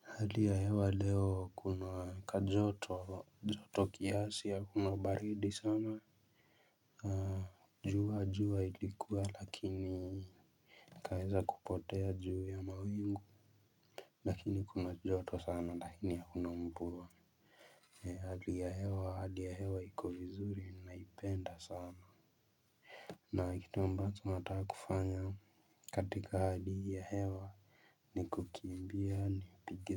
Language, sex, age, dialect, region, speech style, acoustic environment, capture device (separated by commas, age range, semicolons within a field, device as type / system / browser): Swahili, male, 18-24, Kenya - Mombasa, Kenya, relaxed, silence, mobile / Linux / Chrome